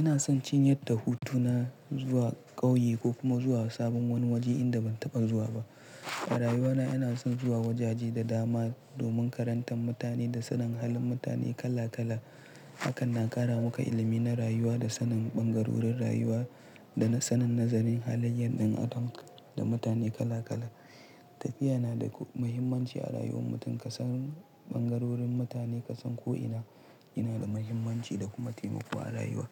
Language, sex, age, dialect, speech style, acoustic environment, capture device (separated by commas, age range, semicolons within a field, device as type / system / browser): Hausa, male, 18-24, Nigeria - Pidgin-influenced, relaxed; tired; focused; stressed, silence; nature, mobile / Linux / Chrome